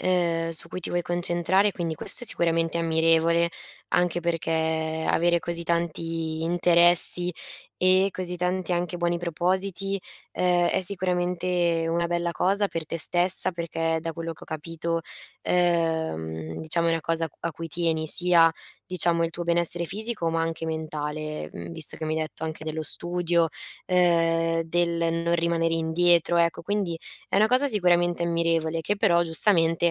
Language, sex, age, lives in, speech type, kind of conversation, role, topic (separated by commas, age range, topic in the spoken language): Italian, female, 20-24, Italy, conversation, advice, advisor, Come posso monitorare le mie abitudini quotidiane per essere più consapevole della mia salute?